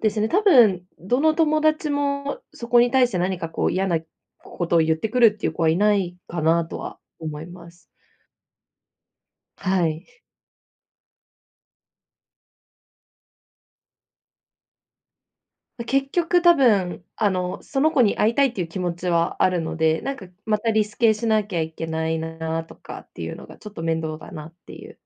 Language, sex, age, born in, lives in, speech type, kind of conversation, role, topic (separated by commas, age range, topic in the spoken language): Japanese, female, 25-29, Japan, Japan, conversation, advice, user, 趣味の時間を作るにはどうすればいいですか？